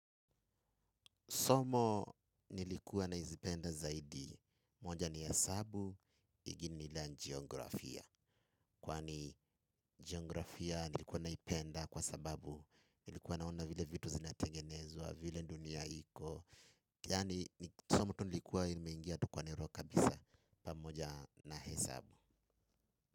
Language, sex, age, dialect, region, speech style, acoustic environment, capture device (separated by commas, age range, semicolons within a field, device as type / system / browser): Swahili, male, 25-34, Kenya - Nairobi Sheng-influenced, Kenya, happy, nature, mobile / Linux / Chrome